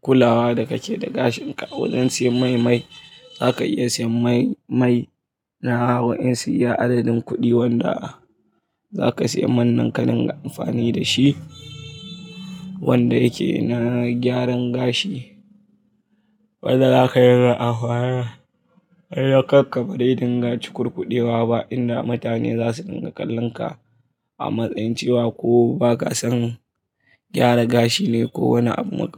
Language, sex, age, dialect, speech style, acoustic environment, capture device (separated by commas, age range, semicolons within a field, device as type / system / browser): Hausa, male, 25-34, Nigeria - Kano, focused, traffic; appliances; people talking, mobile / Linux / Chrome